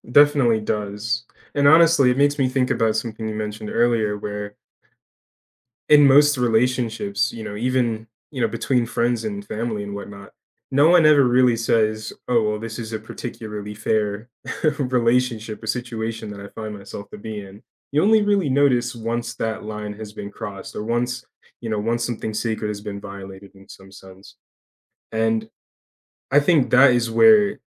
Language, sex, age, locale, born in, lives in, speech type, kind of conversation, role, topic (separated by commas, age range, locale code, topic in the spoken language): English, male, 20-24, en-US, United States, United States, conversation, unstructured, none, How do you balance fairness and kindness in everyday tradeoffs with people you love?